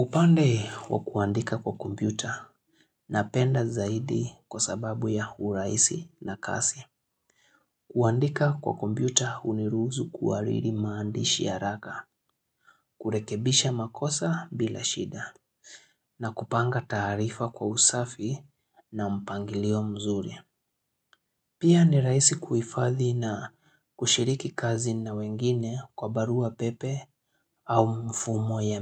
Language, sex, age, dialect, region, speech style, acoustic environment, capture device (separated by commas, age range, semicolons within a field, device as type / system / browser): Swahili, male, 25-34, Kenya - Nairobi Sheng-influenced, Kenya, happy; relaxed; focused, silence, mobile / Linux / Chrome